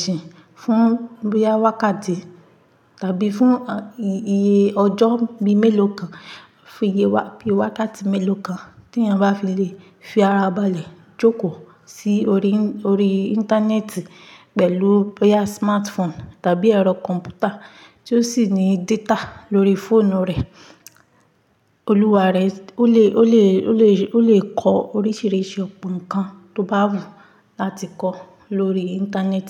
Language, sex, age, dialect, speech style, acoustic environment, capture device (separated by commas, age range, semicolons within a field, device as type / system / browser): Yoruba, female, 25-34, Nigeria - Ibadan, relaxed; focused, silence, mobile / Linux / Chrome